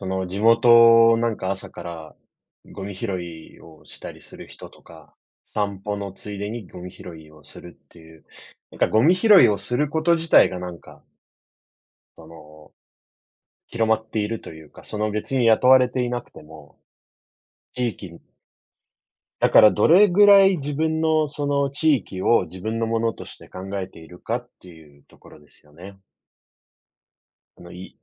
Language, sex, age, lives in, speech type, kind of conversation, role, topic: Japanese, male, 30-34, Japan, conversation, unstructured, none, ごみのポイ捨てはなぜなくならないのでしょうか？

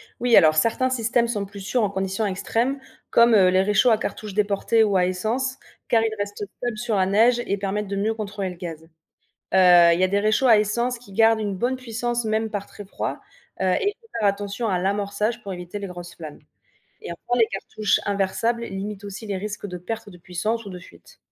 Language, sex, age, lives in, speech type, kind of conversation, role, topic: French, female, 25-29, France, read, expert-assistant, expert, Comment savoir si un problème lors d’une sortie nature vient du matériel, de l’environnement ou de l’utilisateur ?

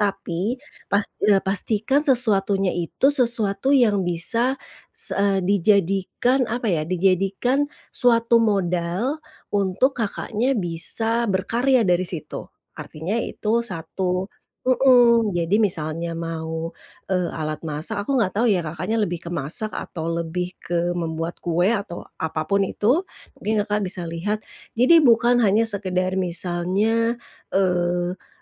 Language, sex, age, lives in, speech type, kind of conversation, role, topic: Indonesian, female, 45-49, Indonesia, conversation, advice, advisor, Bagaimana cara menemukan hadiah yang tepat untuk orang yang berbeda-beda?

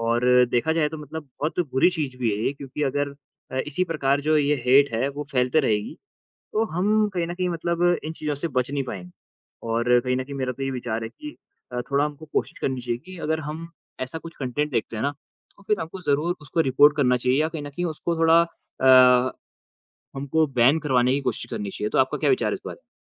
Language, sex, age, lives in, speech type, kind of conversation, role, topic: Hindi, male, 20-24, India, conversation, unstructured, none, क्या सोशल मीडिया के कारण बढ़ती नफरत दुखद है?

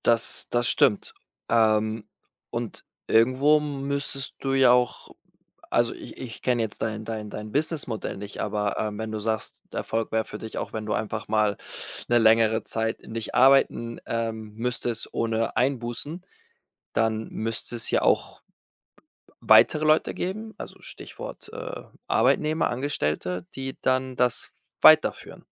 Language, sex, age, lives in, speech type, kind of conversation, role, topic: German, male, 25-29, Spain, conversation, podcast, host, Was bedeutet Erfolg für dich persönlich?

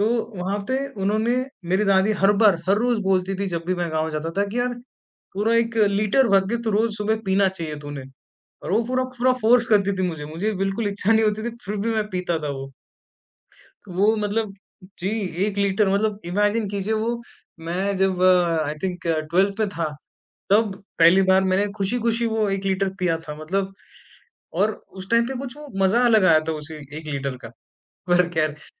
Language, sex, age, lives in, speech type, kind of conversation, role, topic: Hindi, male, 20-24, India, conversation, podcast, guest, क्या आपके परिवार की कोई परंपरा अब बदल गई है?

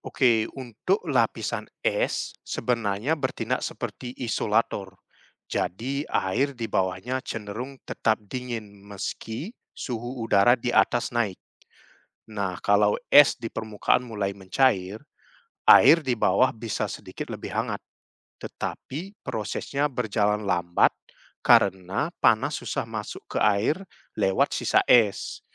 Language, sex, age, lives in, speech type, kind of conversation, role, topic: Indonesian, male, 30-34, Netherlands, read, expert-assistant, expert, Mengapa es bisa mengapung di atas air?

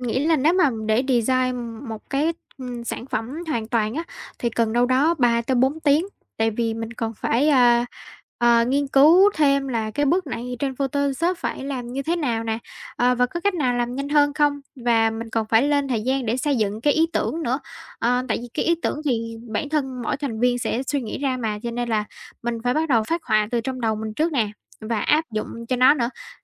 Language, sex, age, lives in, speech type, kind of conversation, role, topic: Vietnamese, female, 18-19, Vietnam, conversation, advice, user, Làm sao để ngừng trì hoãn khi bạn muốn tiến lên với dự án sáng tạo?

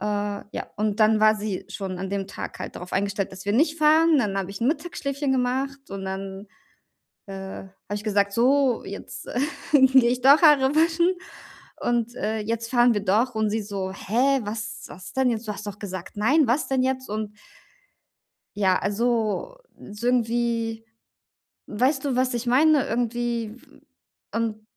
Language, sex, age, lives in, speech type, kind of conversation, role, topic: German, female, 35-39, Germany, conversation, advice, user, Wie kann ich meine Gefühle besser erkennen und benennen?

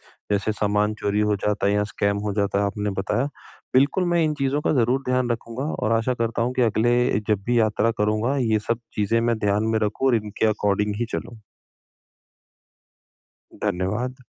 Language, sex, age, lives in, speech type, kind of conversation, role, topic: Hindi, male, 30-34, India, conversation, advice, user, मैं कम बजट में सुरक्षित और मज़ेदार यात्रा की योजना कैसे बनाऊँ?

